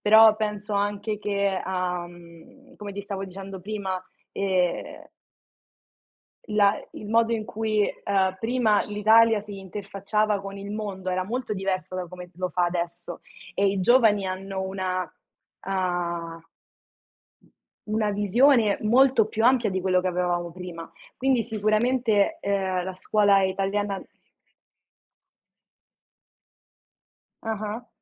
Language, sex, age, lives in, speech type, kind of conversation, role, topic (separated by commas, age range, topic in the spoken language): Italian, female, 20-24, Italy, conversation, unstructured, none, Che cosa ti rende orgoglioso del tuo paese?